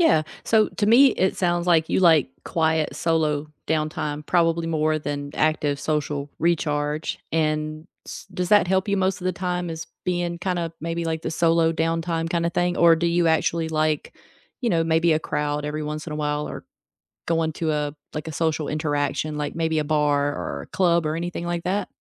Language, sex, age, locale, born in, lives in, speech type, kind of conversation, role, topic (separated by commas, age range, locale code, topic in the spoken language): English, female, 35-39, en-US, United States, United States, conversation, unstructured, none, How do you recharge after a stressful day?